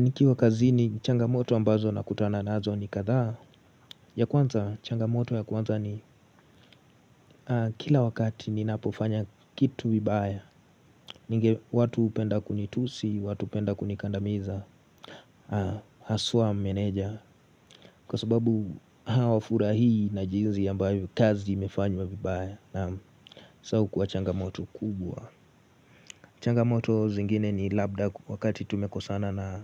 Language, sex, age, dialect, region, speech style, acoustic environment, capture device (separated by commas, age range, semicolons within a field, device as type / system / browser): Swahili, male, 18-24, Kenya - Nairobi Sheng-influenced, Kenya, happy; relaxed; focused, silence; nature, mobile / Linux / Chrome